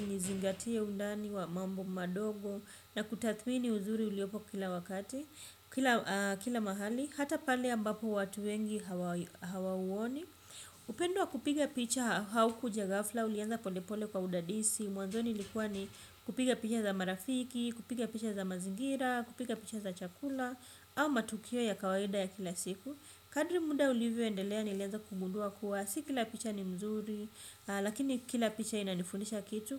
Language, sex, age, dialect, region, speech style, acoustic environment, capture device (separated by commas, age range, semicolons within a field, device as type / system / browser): Swahili, female, 25-34, Kenya - Mombasa, Kenya, relaxed, silence, desktop / Windows / Chrome